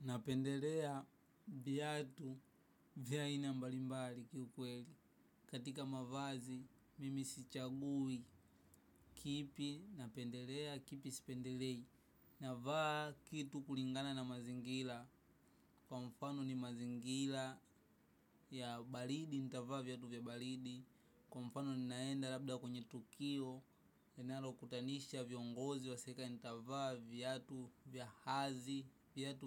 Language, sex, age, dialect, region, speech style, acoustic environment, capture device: Swahili, male, 18-24, Tanzania - Dar es Salaam, Tanzania, happy; relaxed; excited; focused, traffic, mobile / macOS / Safari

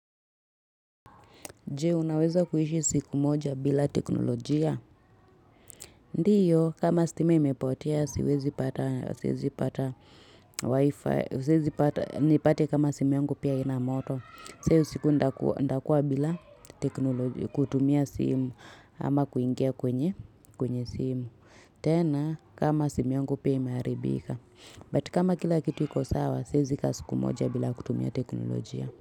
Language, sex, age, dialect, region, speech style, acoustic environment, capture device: Swahili, female, 25-34, Kenya - Nairobi Sheng-influenced, Kenya, relaxed, silence; nature, mobile / Linux / Chrome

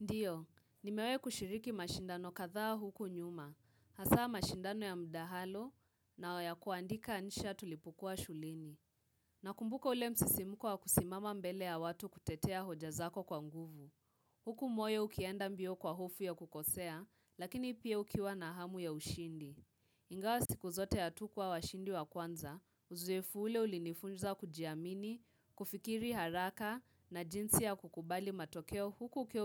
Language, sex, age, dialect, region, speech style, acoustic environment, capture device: Swahili, female, 18-24, Kenya - Nairobi Sheng-influenced, Kenya, relaxed, nature, mobile / Linux / Chrome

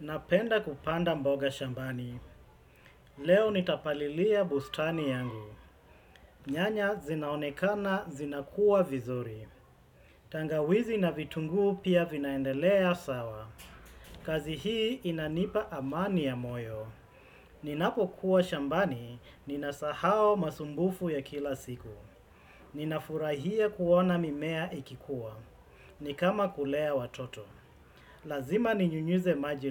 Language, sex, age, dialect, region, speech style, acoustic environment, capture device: Swahili, male, 35-44, Kenya - Mombasa, Kenya, focused, silence, desktop / Windows / Chrome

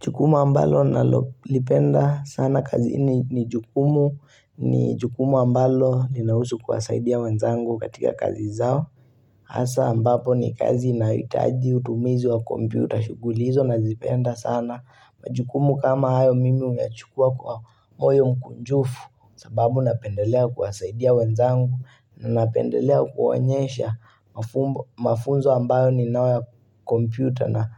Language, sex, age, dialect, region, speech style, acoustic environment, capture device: Swahili, male, 18-24, Kenya - Mombasa, Kenya, relaxed, silence, mobile / Linux / Chrome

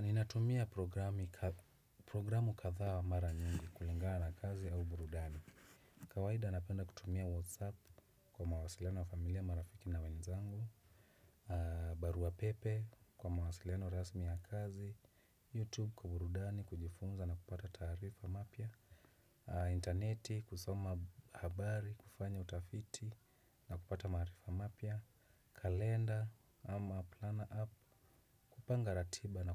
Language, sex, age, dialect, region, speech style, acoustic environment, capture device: Swahili, male, 25-34, Kenya - Nairobi Sheng-influenced, Kenya, relaxed; happy, nature; silence, desktop / Windows / Chrome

